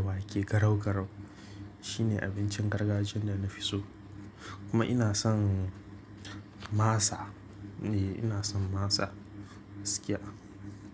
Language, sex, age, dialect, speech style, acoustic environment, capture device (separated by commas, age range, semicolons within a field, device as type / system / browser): Hausa, male, 18-24, Nigeria - Kano, relaxed; focused, silence; nature, mobile / Linux / Chrome